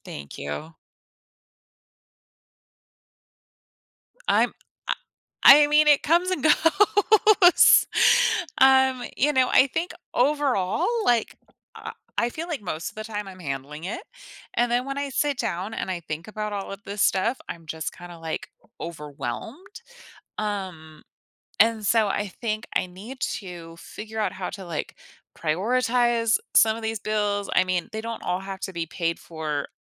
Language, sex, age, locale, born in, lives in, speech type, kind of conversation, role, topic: English, female, 35-39, en-US, United States, United States, conversation, advice, user, How can I manage piling bills and reduce my financial stress?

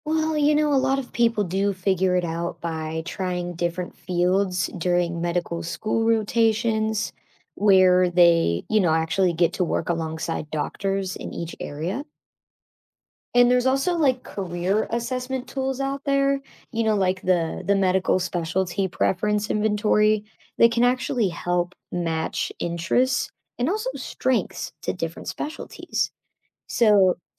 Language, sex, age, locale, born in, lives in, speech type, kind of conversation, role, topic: English, female, 25-29, en-US, United States, United States, read, expert-assistant, expert, Where can I find the most reliable and comprehensive resources about what each medical specialty actually does?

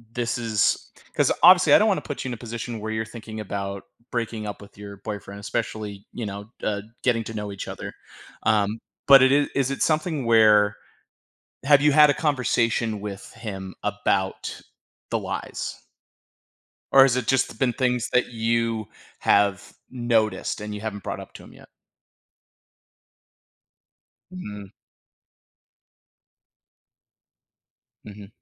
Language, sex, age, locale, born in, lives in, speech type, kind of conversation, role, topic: English, male, 35-39, en-US, United States, United States, conversation, advice, advisor, How can I share my feelings without starting an argument and feel more heard by my partner?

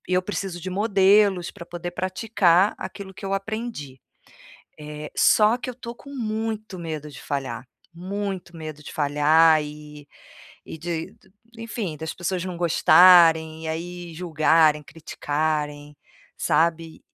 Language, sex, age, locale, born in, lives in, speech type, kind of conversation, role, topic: Portuguese, female, 45-49, pt-BR, Brazil, Portugal, conversation, advice, user, Como lidar com o medo de falhar ao tentar um novo hobby?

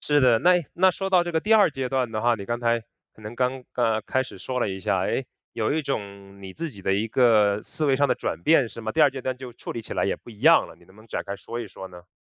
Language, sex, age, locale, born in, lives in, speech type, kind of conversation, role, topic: Chinese, male, 30-34, zh-CN, China, United States, conversation, podcast, host, 遇到自己怎么学都学不会的东西时，你通常会怎么处理？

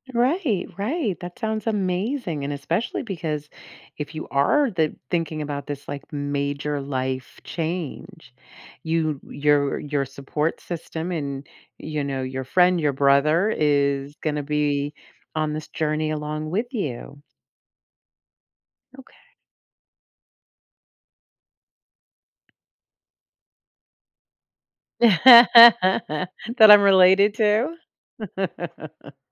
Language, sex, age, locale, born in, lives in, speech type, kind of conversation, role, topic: English, female, 55-59, en-US, United States, United States, conversation, advice, advisor, How can I decide whether moving to a new city is right?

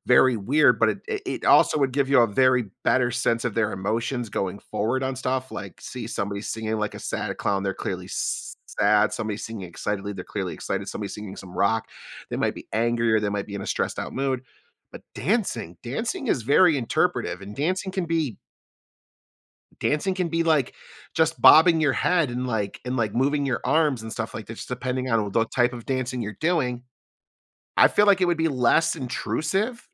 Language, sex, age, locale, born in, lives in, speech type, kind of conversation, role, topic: English, male, 35-39, en-US, United States, United States, conversation, unstructured, none, Would you rather live in a world where everyone sings instead of talking or dances instead of walking?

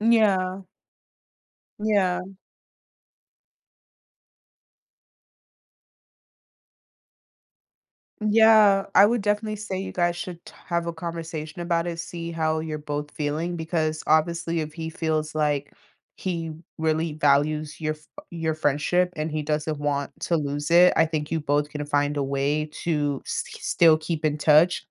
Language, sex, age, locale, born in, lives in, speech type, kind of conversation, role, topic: English, female, 20-24, en-US, United States, United States, conversation, advice, advisor, How can I stay close with a friend who is moving away?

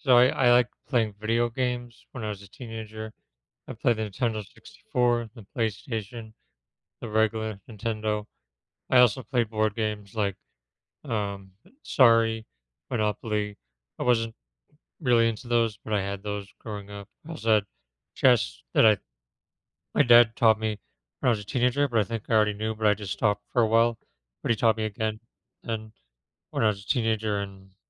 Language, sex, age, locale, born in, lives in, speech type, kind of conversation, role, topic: English, male, 35-39, en-US, United States, United States, conversation, podcast, guest, How have childhood games shaped who you are today?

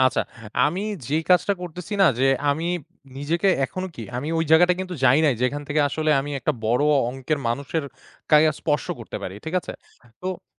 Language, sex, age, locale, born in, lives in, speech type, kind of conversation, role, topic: Bengali, male, 25-29, bn-BD, Bangladesh, Bangladesh, conversation, podcast, guest, তোমার জীবনে কোনো ছোট ঘটনা কি বড় মোড় এনে দিয়েছিল?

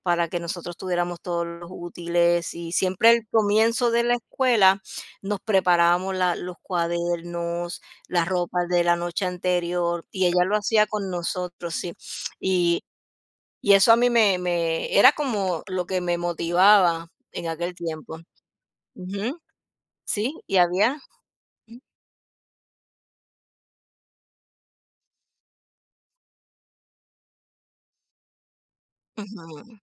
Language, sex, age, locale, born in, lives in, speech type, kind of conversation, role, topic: Spanish, female, 50-54, es-US, United States, United States, conversation, unstructured, none, ¿Qué tradiciones familiares te hacen sentir feliz?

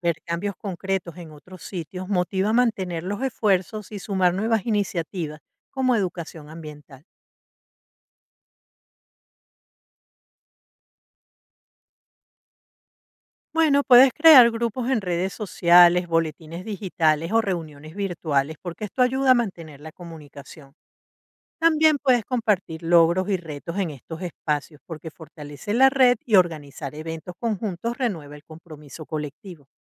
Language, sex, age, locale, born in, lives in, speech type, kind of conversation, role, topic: Spanish, female, 55-59, es-VE, Venezuela, United States, read, expert-assistant, expert, ¿Qué pasos seguirías para organizar una limpieza de playa con amigos y ayudar a los animales marinos?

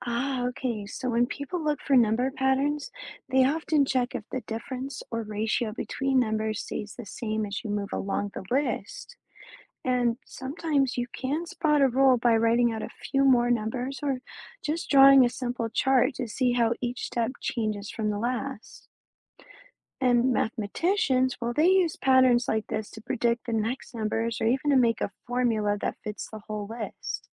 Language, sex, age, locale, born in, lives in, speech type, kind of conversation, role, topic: English, female, 35-39, en-US, United States, United States, read, expert-assistant, expert, How do patterns help solve math and science problems?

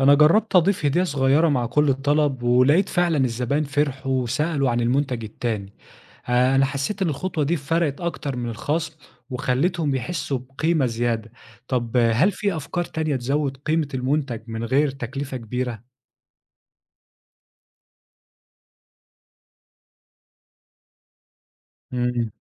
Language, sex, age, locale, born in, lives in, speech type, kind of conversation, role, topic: Arabic, male, 25-29, ar-EG, Egypt, Egypt, read, expert-assistant, asker, لو بفكر أفتح مشروع صغير، أبدأ إزاي أحط خطة علشان أتعامل مع تغييرات الأسعار في السوق العالمي؟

